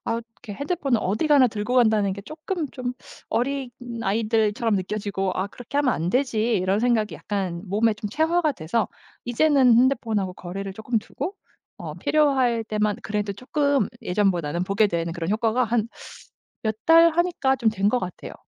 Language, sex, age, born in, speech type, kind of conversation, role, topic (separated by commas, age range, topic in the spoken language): Korean, female, 35-39, South Korea, conversation, podcast, guest, 정보가 넘쳐나는 환경에서 집중력을 어떻게 유지할 수 있을까요?